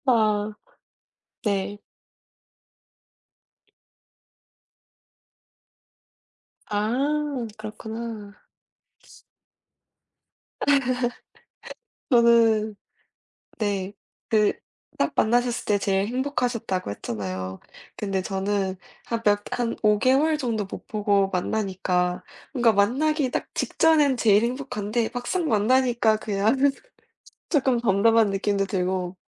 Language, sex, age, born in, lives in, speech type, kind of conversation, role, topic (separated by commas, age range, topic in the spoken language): Korean, female, 20-24, South Korea, United States, conversation, unstructured, none, 연애할 때 가장 행복했던 기억은 무엇인가요?